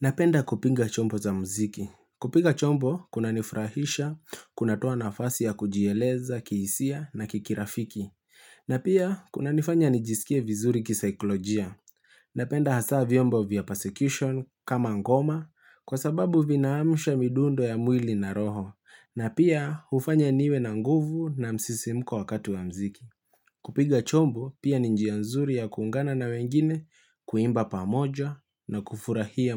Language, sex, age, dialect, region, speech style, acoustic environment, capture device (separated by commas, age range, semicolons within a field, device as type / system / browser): Swahili, male, 25-34, Kenya - Nairobi Sheng-influenced, Kenya, relaxed; focused, appliances; nature, mobile / Linux / Chrome